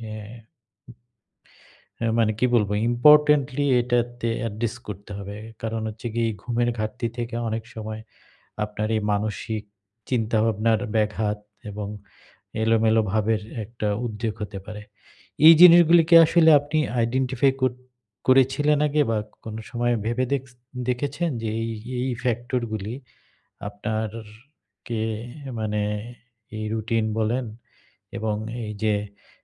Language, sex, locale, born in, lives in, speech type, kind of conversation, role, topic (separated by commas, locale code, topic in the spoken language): Bengali, male, bn-BD, Bangladesh, Bangladesh, conversation, advice, advisor, অবসন্নতা কমিয়ে কীভাবে কাজের ধারাবাহিকতা বজায় রাখতে পারি?